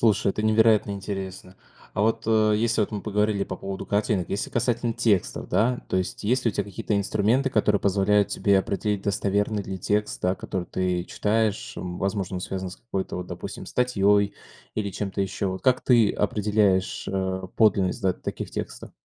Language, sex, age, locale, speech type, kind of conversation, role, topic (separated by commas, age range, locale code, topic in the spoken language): Russian, male, 20-24, ru-RU, conversation, podcast, host, Как вы проверяете достоверность информации в интернете?